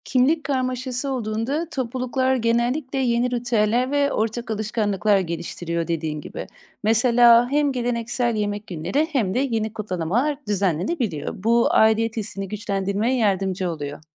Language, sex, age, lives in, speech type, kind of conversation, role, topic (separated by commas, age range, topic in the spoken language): Turkish, female, 30-34, Netherlands, read, expert-assistant, expert, İklimin ve doğal çevrenin insanların yaşam tarzlarını ve geleneklerini şekillendirmedeki rolü nedir?